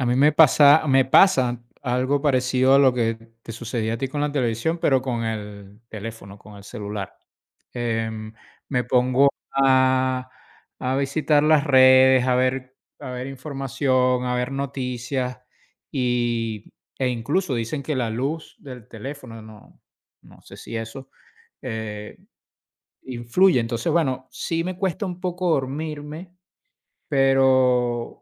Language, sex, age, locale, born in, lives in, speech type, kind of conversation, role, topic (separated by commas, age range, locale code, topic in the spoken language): Spanish, male, 50-54, es-VE, Venezuela, United States, conversation, unstructured, none, ¿Cómo te aseguras de dormir lo suficiente cada noche?